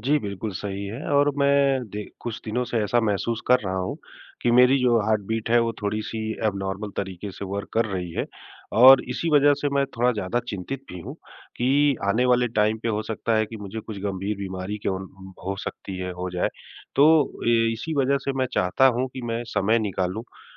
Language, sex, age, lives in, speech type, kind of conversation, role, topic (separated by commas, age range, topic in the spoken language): Hindi, male, 40-44, India, conversation, advice, user, सुबह की खराब आदतों के कारण दिनभर समय गंवाना